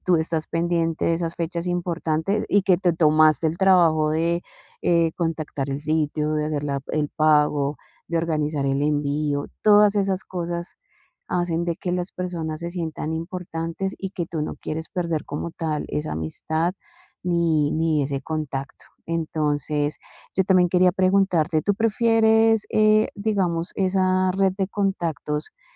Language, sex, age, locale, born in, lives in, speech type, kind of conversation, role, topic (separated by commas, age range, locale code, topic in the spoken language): Spanish, female, 40-44, es-CO, Colombia, Spain, conversation, advice, advisor, ¿Cómo puedo mantener cerca a las personas que me apoyan?